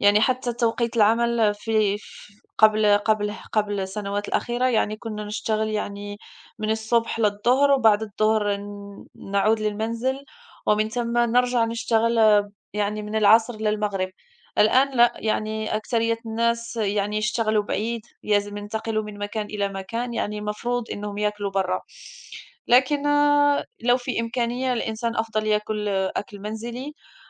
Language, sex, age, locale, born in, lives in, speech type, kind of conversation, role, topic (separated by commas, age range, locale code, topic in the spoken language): Arabic, female, 35-39, ar-MA, Morocco, France, conversation, unstructured, none, إنت بتفضل تاكل في البيت ولا في المطاعم، وليه؟